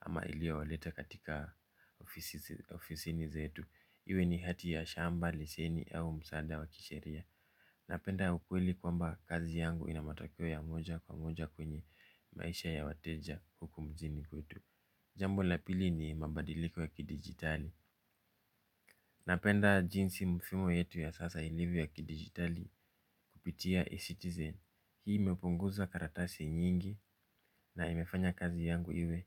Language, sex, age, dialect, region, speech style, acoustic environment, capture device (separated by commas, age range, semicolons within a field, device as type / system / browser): Swahili, male, 25-34, Kenya - Nairobi Sheng-influenced, Kenya, relaxed, silence, mobile / Linux / Chrome